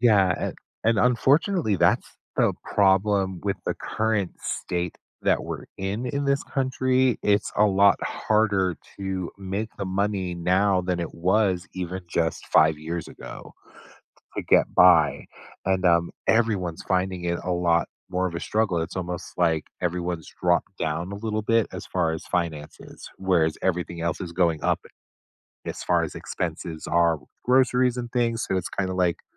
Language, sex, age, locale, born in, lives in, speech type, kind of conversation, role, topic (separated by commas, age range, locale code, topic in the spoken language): English, male, 50-54, en-US, United States, United States, conversation, advice, advisor, How can I find my life purpose?